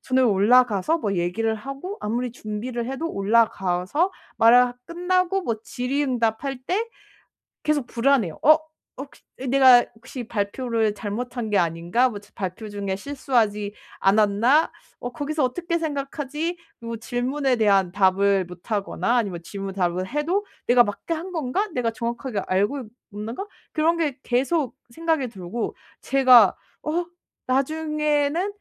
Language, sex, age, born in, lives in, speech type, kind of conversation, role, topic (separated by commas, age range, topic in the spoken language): Korean, female, 25-29, South Korea, Germany, conversation, advice, user, 자기비판을 줄이고 자신감을 키우려면 어떻게 하면 좋을까요?